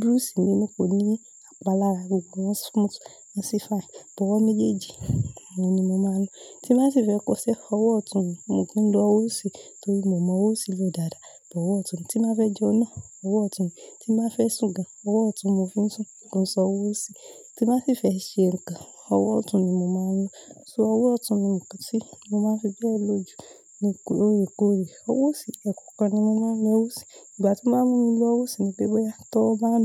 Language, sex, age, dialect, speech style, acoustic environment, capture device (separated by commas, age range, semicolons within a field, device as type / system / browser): Yoruba, female, 25-34, Nigeria - Lagos Yoruba, relaxed, silence, mobile / Linux / Chrome